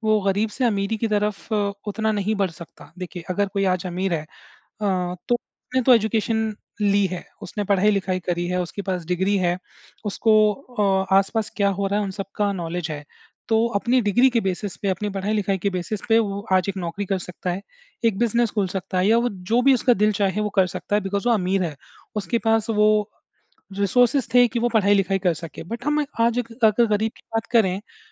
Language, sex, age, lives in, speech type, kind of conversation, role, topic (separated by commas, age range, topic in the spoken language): Hindi, male, 30-34, India, conversation, unstructured, none, क्या आपके हिसाब से समाज में गरीब और अमीर के बीच की दूरी कम हो सकती है?